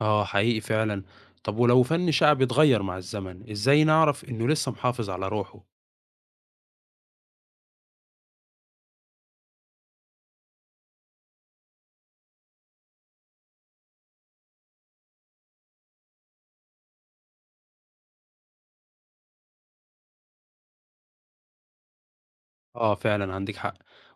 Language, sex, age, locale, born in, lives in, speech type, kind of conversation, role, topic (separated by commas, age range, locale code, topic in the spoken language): Arabic, male, 20-24, ar-EG, Egypt, Egypt, read, expert-assistant, asker, إيه الفنون الشعبية اللي لو اندثرت هتحس إن في حاجة كبيرة ضاعت من الهوية المصرية؟ ترتبهم إزاي من حيث الأهمية؟